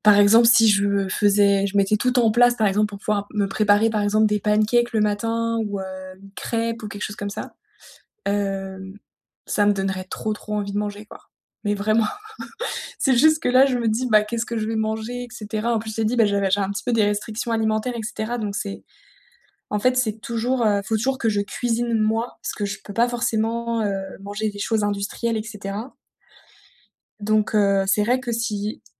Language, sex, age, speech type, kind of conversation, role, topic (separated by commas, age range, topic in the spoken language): French, female, 30-34, conversation, advice, user, Comment instaurer des repas réguliers pour me sentir mieux ?